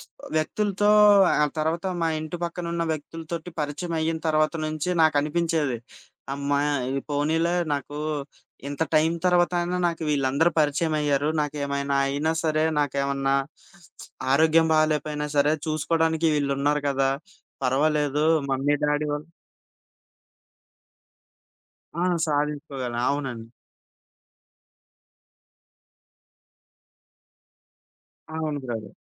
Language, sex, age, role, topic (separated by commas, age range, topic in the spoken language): Telugu, male, 25-29, guest, ఒక పెద్ద నగరానికి తరలిపోవాలని అనుకుంటే మీరు ఏ అంశాలను పరిగణలోకి తీసుకుంటారు?